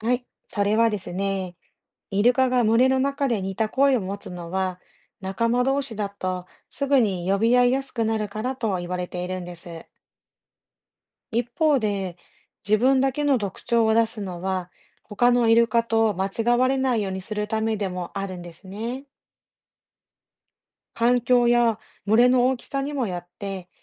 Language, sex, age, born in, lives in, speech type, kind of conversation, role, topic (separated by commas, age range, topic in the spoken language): Japanese, female, 40-44, Japan, Japan, read, expert-assistant, expert, なぜイルカはジャンプするのか